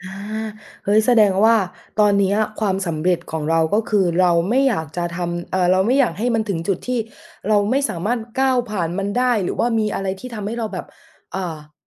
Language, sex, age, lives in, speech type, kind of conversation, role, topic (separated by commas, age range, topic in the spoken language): Thai, female, 20-24, Thailand, conversation, podcast, host, คุณนิยามคำว่า “ความสำเร็จในงาน” ว่าอย่างไร?